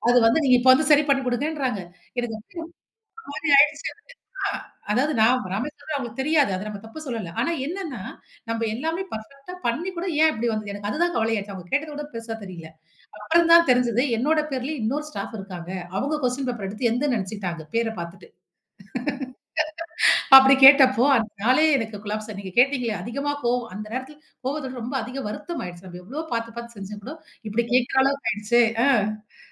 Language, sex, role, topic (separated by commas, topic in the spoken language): Tamil, female, guest, தினமும் கவனமாக இருக்க மனப் பழக்கத்தை நீங்கள் எப்படி உருவாக்கினீர்கள்?